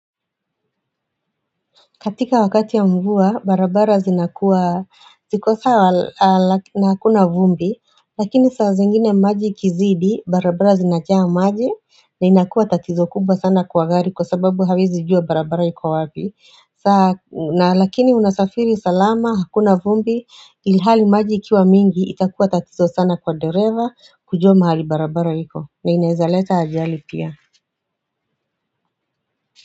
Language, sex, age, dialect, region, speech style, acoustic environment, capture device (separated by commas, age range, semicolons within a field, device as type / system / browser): Swahili, female, 35-44, Kenya - Nairobi Sheng-influenced, Kenya, tired, silence, mobile / Android / Chrome